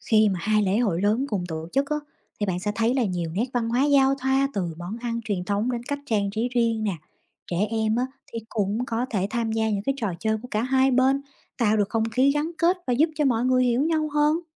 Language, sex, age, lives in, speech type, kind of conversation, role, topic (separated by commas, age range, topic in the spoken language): Vietnamese, female, 30-34, United States, read, expert-assistant, expert, Làm thế nào để hai nhóm tôn giáo tổ chức lễ hội chung mà vẫn giữ được nét riêng và hòa thuận?